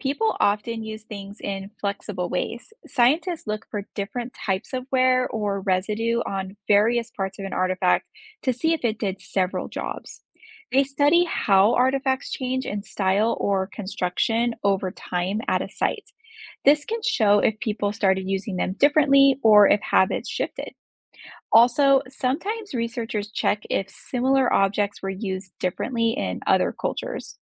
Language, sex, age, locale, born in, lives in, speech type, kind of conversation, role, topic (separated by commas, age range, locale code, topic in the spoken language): English, female, 30-34, en-US, United States, United States, read, expert-assistant, expert, How do scientists interpret the uses of ancient artifacts?